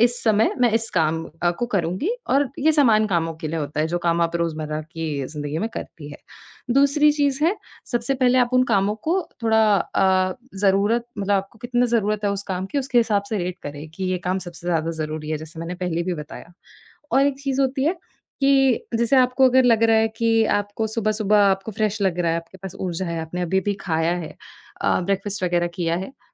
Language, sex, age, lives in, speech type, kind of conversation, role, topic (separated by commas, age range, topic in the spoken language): Hindi, female, 20-24, India, conversation, advice, advisor, समय और प्राथमिकताओं का प्रबंधन